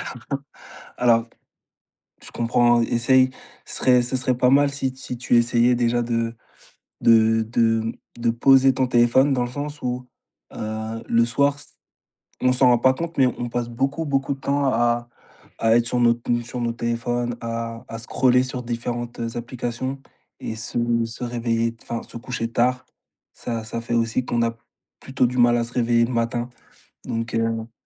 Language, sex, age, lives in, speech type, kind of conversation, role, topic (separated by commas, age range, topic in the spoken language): French, male, 25-29, France, conversation, advice, advisor, Pourquoi n’arrives-tu pas à suivre une routine matinale régulière ?